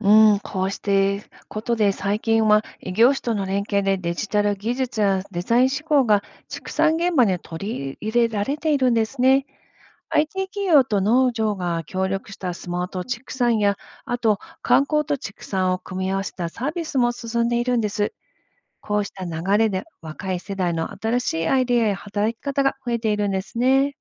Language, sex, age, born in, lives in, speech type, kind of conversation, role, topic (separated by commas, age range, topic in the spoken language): Japanese, female, 50-54, Japan, United States, read, expert-assistant, expert, 畜産を続けるうえで、家畜の健康、コスト、環境への影響のうち、どれを一番大切に考えるべきだと思いますか？